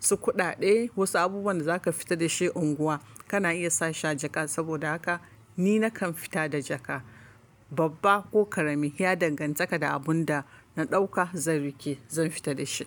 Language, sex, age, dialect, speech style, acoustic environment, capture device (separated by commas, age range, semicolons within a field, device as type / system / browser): Hausa, female, 25-34, Nigeria - Kano, relaxed, silence, mobile / Linux / Chrome